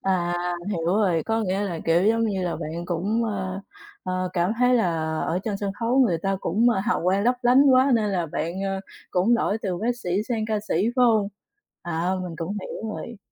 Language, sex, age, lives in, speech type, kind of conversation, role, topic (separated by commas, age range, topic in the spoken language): Vietnamese, female, 30-34, Vietnam, conversation, unstructured, none, Ước mơ lớn nhất của bạn là gì?